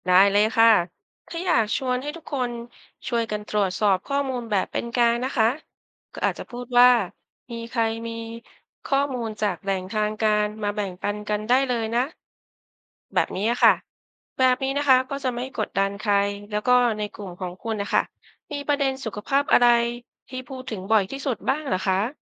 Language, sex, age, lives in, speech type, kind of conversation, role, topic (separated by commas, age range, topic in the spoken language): Thai, female, 50-54, Thailand, read, expert-assistant, expert, คุณจะรู้ได้อย่างไรว่าข้อมูลเกี่ยวกับวิธีการดูแลผู้ป่วยที่พบในอินเทอร์เน็ตนั้นเชื่อถือได้จริงหรือไม่?